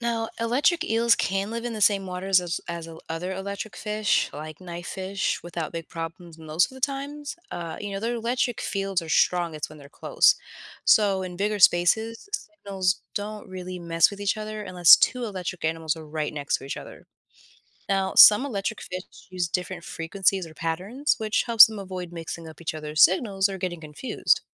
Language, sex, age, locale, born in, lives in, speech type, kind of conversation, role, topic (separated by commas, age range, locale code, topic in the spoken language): English, female, 30-34, en-US, United States, United States, read, expert-assistant, expert, How do electric eels produce electricity in their bodies?